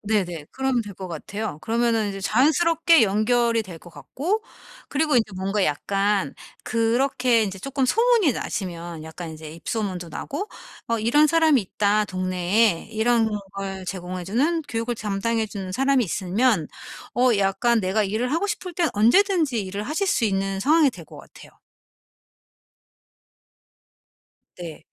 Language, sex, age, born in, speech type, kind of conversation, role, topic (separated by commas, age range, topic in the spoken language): Korean, female, 45-49, South Korea, conversation, advice, advisor, 작은 성취를 통해 어떻게 자신감을 조금씩 키울 수 있을까요?